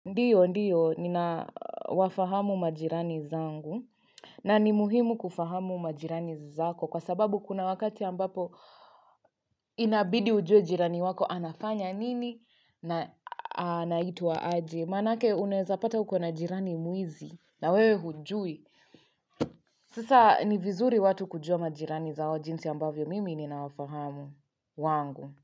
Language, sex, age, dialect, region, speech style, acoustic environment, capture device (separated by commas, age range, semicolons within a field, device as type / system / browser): Swahili, female, 25-34, Kenya - Nairobi Sheng-influenced, Kenya, relaxed, nature, mobile / Linux / Chrome